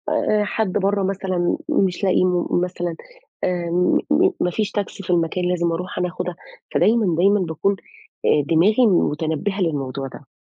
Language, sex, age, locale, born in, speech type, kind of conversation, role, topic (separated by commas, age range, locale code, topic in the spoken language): Arabic, female, 35-39, ar-EG, Saudi Arabia, conversation, advice, user, إزاي ضغط رعاية مريض أو حد من العيلة بيخليك تحس بتوتر؟